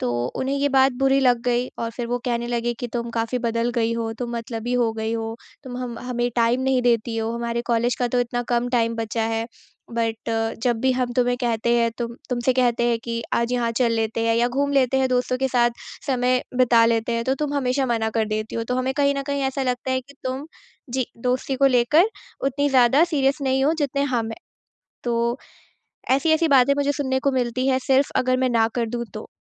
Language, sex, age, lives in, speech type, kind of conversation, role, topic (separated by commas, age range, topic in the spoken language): Hindi, female, 20-24, India, conversation, advice, user, मैं अपनी सीमाएँ कैसे तय करूँ और उन्हें दूसरों के सामने स्पष्ट रूप से कैसे लागू करूँ?